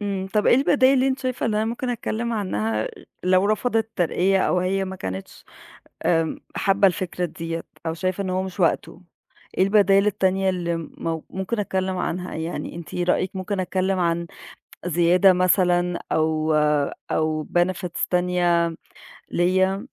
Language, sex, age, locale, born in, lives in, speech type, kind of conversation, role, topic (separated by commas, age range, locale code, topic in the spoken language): Arabic, female, 30-34, ar-EG, Egypt, Egypt, conversation, advice, user, إزاي أطلب ترقية وأنا شاكك إني مستحقها؟